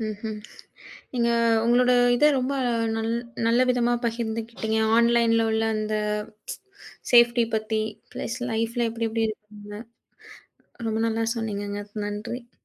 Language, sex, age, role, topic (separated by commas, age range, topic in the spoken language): Tamil, female, 30-34, host, பொதுவாக பேசும்போது, உங்கள் தனிப்பட்ட கதையை எவ்வளவு அளவுக்கு பகிர வேண்டும் என்று நீங்கள் எப்படி முடிவு செய்கிறீர்கள்?